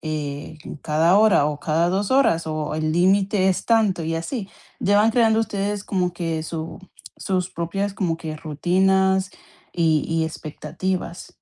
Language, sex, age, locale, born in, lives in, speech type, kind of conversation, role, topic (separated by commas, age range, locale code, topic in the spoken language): Spanish, female, 25-29, es-CO, Colombia, United States, conversation, advice, advisor, ¿Cómo puedo establecer límites claros sin lastimar a mi pareja y respetar nuestras diferencias?